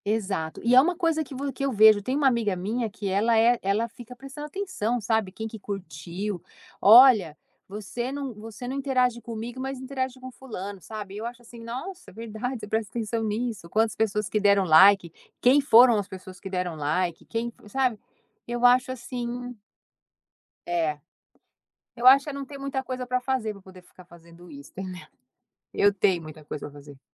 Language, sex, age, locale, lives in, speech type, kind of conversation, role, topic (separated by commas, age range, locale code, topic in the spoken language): Portuguese, female, 50-54, pt-BR, United States, conversation, podcast, guest, Como você escolhe entre WhatsApp, e-mail e redes sociais?